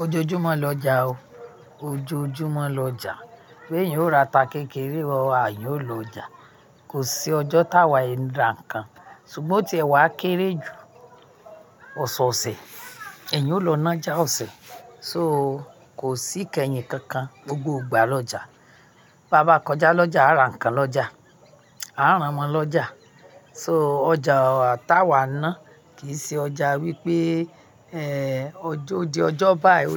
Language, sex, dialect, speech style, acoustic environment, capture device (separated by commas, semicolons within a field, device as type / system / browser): Yoruba, female, Nigeria - Ibadan, happy; nervous; anxious; excited, people talking; music; nature, mobile / Linux / Chrome